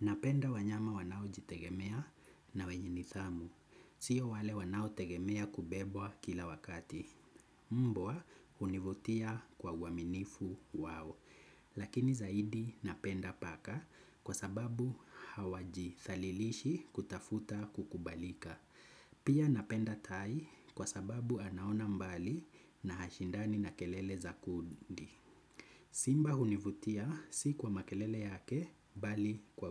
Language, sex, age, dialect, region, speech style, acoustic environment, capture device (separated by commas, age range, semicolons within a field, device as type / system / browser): Swahili, male, 25-34, Kenya - Nairobi Sheng-influenced, Kenya, anxious, nature, desktop / Windows / Chrome